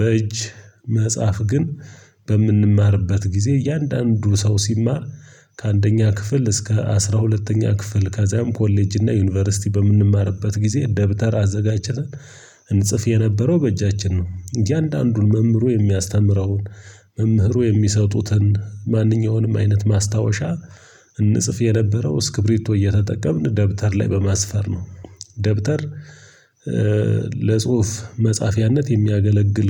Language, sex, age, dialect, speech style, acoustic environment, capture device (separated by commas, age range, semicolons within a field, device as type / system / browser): Amharic, male, 25-34, Ethiopia - Gojjam, tired, silence, mobile / Linux / Chrome